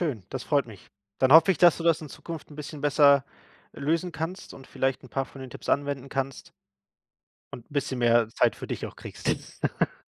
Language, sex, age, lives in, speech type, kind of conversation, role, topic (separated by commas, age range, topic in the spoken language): German, male, 30-34, Germany, conversation, advice, advisor, Wie kann ich Familienmitgliedern klare Grenzen setzen und sie verständlich erklären?